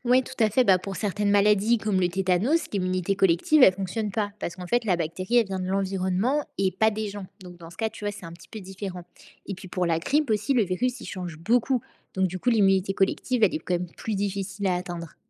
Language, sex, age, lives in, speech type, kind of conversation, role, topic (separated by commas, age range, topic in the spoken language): French, female, 20-24, France, read, expert-assistant, expert, Comment la vaccination aide-t-elle à protéger toute une communauté, pas seulement les individus ?